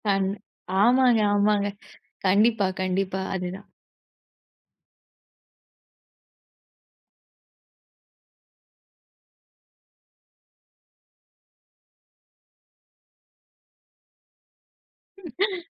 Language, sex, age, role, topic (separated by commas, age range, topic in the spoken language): Tamil, female, 30-34, guest, வெளிநாட்டில் வாழத் தொடங்கிய பிறகு, வீட்டிலும் சமூகத்திலும் இருக்கும் பழமையான கருத்துகளை நீங்கள் எப்படி கையாளுகிறீர்கள்?